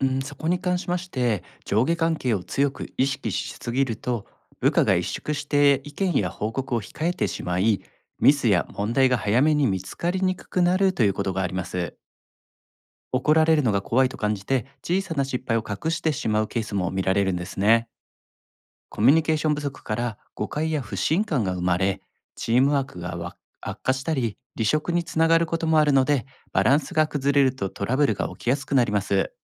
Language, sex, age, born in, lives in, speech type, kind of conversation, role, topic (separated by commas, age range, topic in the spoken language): Japanese, male, 35-39, Japan, Japan, read, expert-assistant, expert, 職場の上下関係を保ちながら信頼関係を築くにはどうすればよいですか？